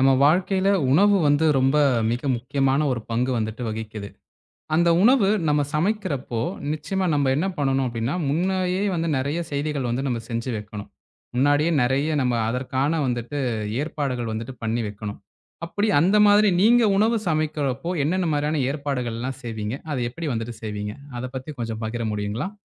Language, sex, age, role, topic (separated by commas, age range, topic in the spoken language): Tamil, male, 20-24, host, சமையல் தொடங்குவதற்கு முன் நீங்கள் வாய்சுத்தம் செய்வதுண்டா?